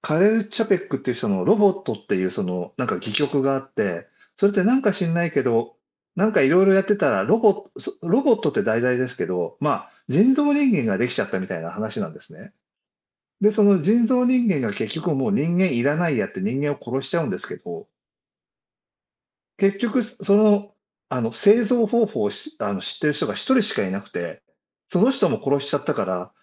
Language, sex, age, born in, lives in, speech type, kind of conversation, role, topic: Japanese, male, 60-64, Japan, Japan, conversation, unstructured, none, 科学技術が倫理を超えることは許されるのでしょうか？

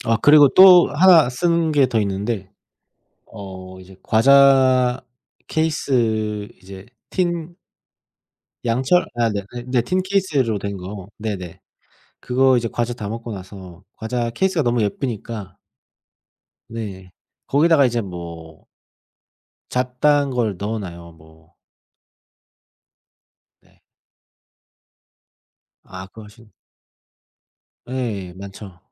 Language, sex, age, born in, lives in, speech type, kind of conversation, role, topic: Korean, male, 30-34, South Korea, Germany, conversation, unstructured, none, 집에서 쓰레기를 줄이기 위해 할 수 있는 방법에는 어떤 것들이 있을까요?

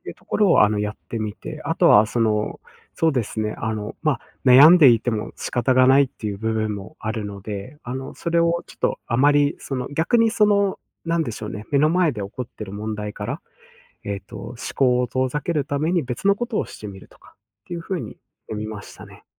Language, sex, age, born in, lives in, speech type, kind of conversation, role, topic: Japanese, male, 25-29, Japan, Japan, conversation, podcast, guest, 挫折からどうやって立ち直りましたか？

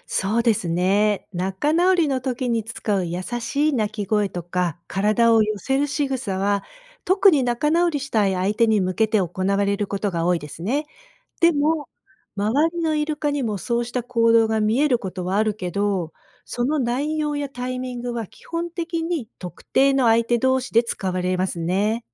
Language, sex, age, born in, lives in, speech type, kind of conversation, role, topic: Japanese, female, 55-59, Japan, United States, read, expert-assistant, expert, イルカはどのようにして仲間とコミュニケーションをとるのか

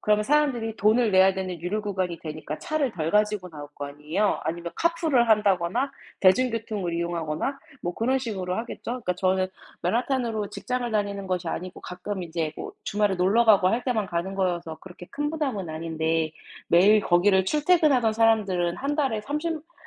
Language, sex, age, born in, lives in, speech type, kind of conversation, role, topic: Korean, female, 30-34, South Korea, United States, conversation, unstructured, none, 정치가 우리 삶에 어떤 영향을 미친다고 느끼시나요?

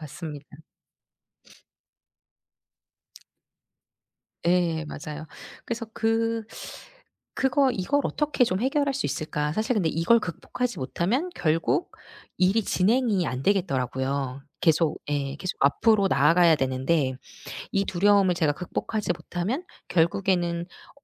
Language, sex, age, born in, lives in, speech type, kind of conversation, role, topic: Korean, female, 40-44, South Korea, South Korea, conversation, podcast, guest, 완벽주의에서 벗어나게 된 경험을 이야기해 주실 수 있나요?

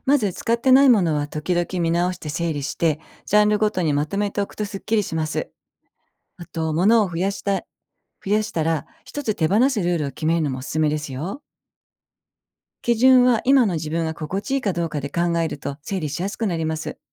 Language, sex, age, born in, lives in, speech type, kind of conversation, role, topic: Japanese, female, 55-59, Japan, Japan, read, expert-assistant, expert, 家の中でリラックスできる空間を作るコツは何ですか？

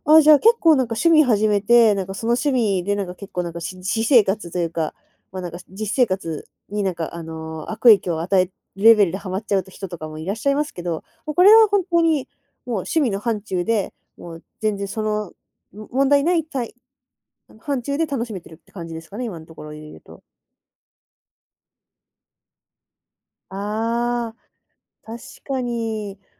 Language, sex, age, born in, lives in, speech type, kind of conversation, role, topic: Japanese, female, 20-24, Japan, Japan, conversation, podcast, host, その趣味はあなたの生活にどんな変化をもたらしましたか？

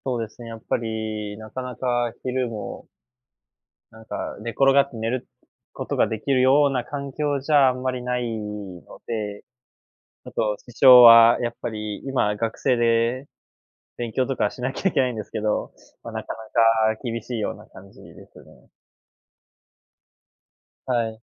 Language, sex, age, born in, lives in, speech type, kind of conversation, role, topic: Japanese, male, 20-24, Japan, Japan, conversation, advice, user, 寝る前についスマートフォンを見てしまって睡眠時間が短くなるのはなぜですか？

